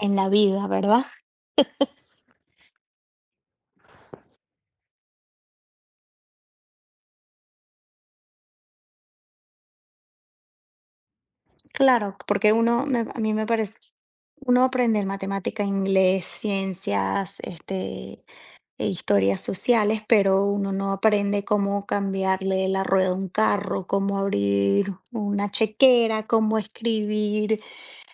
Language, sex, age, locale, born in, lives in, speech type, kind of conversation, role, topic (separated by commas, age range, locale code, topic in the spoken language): Spanish, female, 30-34, es-VE, Venezuela, United States, conversation, unstructured, none, ¿Qué harías para mejorar la educación en tu país?